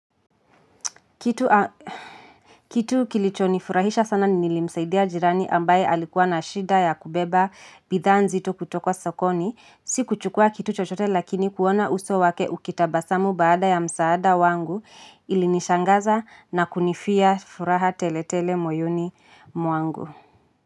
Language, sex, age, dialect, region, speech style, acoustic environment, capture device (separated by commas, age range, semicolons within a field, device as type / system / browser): Swahili, female, 25-34, Kenya - Nairobi Sheng-influenced, Kenya, happy, silence, mobile / Linux / Chrome